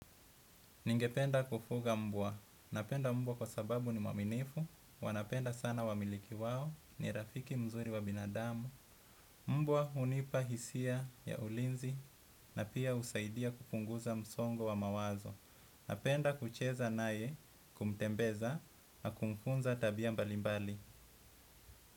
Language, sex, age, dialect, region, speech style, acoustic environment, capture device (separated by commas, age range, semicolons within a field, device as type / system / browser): Swahili, male, 25-34, Kenya - Mombasa, Kenya, happy; anxious; relaxed; excited; focused, nature; appliances; traffic, desktop / Windows / Edge